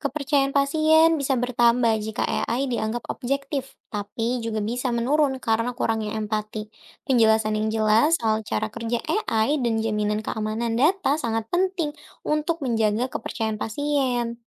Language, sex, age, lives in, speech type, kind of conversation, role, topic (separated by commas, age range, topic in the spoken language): Indonesian, female, 20-24, Indonesia, read, expert-assistant, expert, Bagaimana jika seluruh proses perawatan pasien dilakukan sepenuhnya oleh teknologi kecerdasan buatan? Apa dampaknya bagi pasien, tenaga medis, dan etika medis?